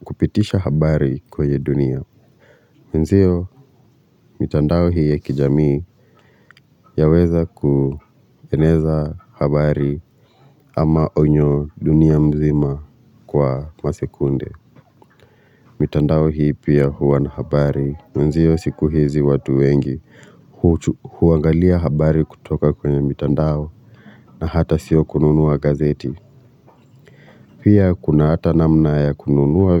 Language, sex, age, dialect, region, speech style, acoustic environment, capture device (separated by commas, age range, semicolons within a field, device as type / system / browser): Swahili, male, 25-34, Kenya - Nairobi Sheng-influenced, Kenya, relaxed, silence; construction, mobile / Linux / Chrome